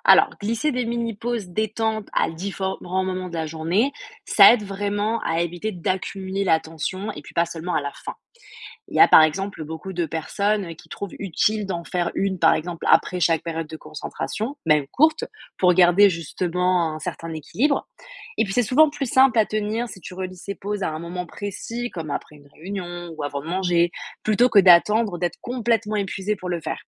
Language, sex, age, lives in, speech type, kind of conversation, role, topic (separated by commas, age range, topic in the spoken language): French, female, 20-24, France, read, expert-assistant, expert, Quelles stratégies puis-je adopter pour éviter que le stress professionnel n’empiète sur ma vie personnelle, surtout quand je travaille à domicile ?